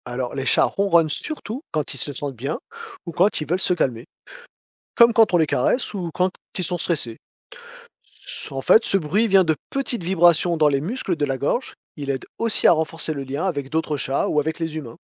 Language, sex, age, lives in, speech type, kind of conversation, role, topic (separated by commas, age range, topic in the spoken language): French, male, 40-44, France, read, expert-assistant, expert, Pourquoi les chats ronronnent-ils ?